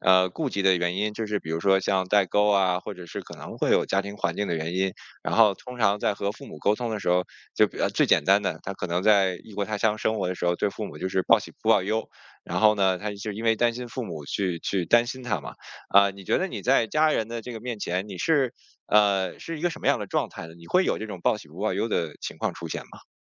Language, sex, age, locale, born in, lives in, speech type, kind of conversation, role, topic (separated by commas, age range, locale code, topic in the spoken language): Chinese, male, 40-44, zh-CN, China, United States, conversation, podcast, host, 什么时候你最愿意表达真实的自己？